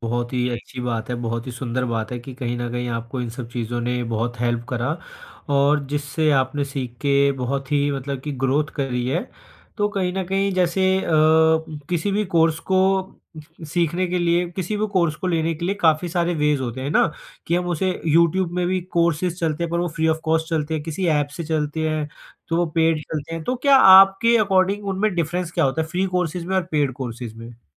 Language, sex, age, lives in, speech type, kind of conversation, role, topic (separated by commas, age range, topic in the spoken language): Hindi, male, 20-24, India, conversation, podcast, host, Online courses se tumne kya practical faayda dekha?